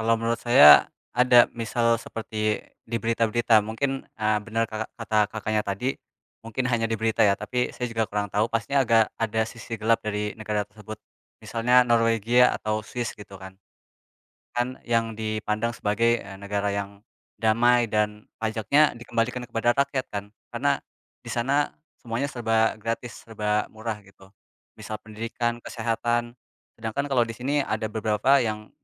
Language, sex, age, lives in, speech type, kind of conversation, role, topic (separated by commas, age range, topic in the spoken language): Indonesian, male, 20-24, Indonesia, conversation, unstructured, none, Mengapa banyak orang merasa politik itu kotor?